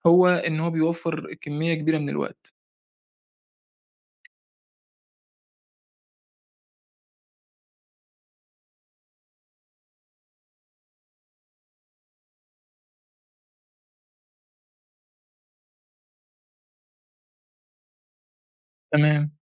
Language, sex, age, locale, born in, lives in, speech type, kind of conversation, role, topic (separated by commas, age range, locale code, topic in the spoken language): Arabic, male, 20-24, ar-EG, Egypt, Egypt, conversation, podcast, host, ازاي الإنترنت غيّر روتينك اليومي؟